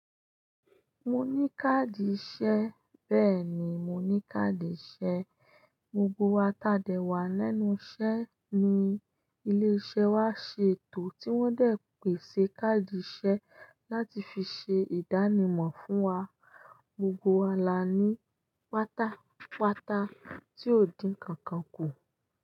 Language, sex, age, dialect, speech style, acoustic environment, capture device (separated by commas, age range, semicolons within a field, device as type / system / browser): Yoruba, female, 25-34, Nigeria - Ibadan, happy; focused; excited, silence, desktop / Linux / Chrome